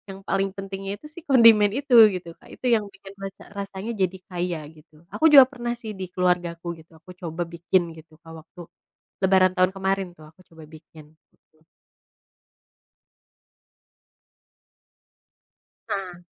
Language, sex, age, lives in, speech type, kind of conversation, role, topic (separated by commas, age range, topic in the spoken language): Indonesian, female, 30-34, Indonesia, conversation, podcast, guest, Hidangan apa yang wajib ada setiap hari raya di keluargamu?